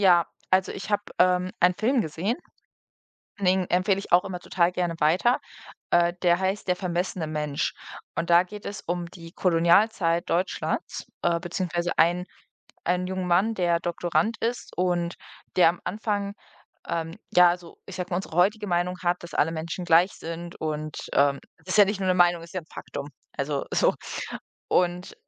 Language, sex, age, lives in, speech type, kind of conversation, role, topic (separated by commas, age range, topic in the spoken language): German, female, 25-29, Germany, conversation, unstructured, none, Findest du es in Ordnung, wenn Filme rassistische Klischees zeigen?